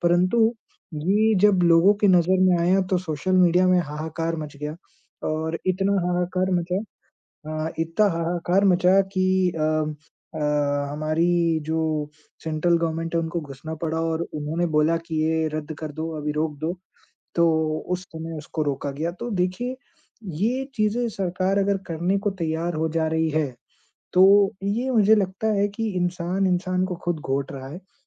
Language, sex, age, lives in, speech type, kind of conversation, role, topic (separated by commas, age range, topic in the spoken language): Hindi, male, 20-24, India, conversation, unstructured, none, आपको क्या लगता है कि पेड़ हमारे जीवन में कितने जरूरी हैं?